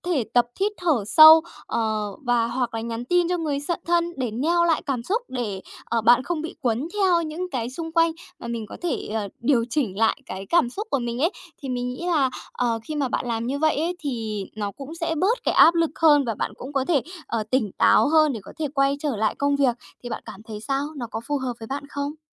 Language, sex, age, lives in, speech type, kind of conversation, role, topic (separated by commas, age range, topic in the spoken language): Vietnamese, female, 30-34, Japan, conversation, advice, advisor, Làm thế nào để tôi bớt bị ảnh hưởng bởi môi trường xung quanh?